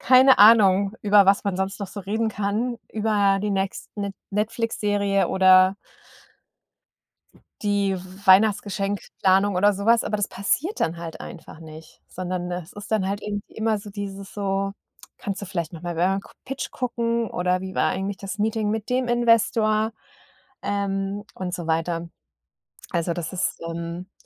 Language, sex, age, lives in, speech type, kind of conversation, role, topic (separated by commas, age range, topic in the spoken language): German, female, 40-44, Germany, conversation, advice, user, Wie kann ich mit Burnout und Überforderung durch lange Arbeitstage in einem Startup umgehen?